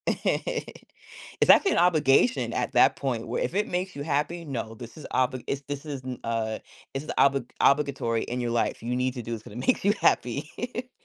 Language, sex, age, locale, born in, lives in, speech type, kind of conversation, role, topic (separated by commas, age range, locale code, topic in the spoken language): English, female, 30-34, en-US, United States, United States, conversation, advice, advisor, How can I balance hobbies with responsibilities?